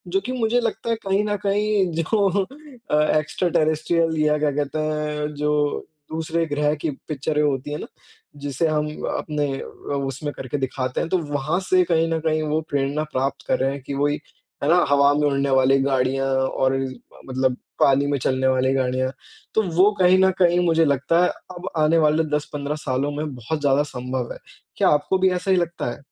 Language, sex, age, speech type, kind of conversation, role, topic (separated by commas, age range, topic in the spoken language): Hindi, male, 20-24, conversation, unstructured, none, कौन-सी नई तकनीक ने आपको सबसे ज़्यादा चौंकाया है?